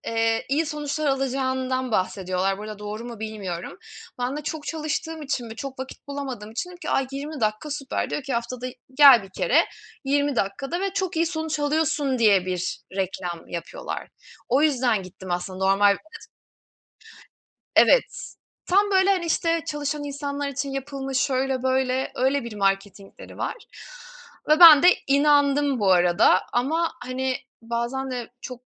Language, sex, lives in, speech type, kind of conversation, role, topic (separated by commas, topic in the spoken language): Turkish, female, Germany, conversation, unstructured, none, Sizce spor yapmanın en keyifli yanları nelerdir?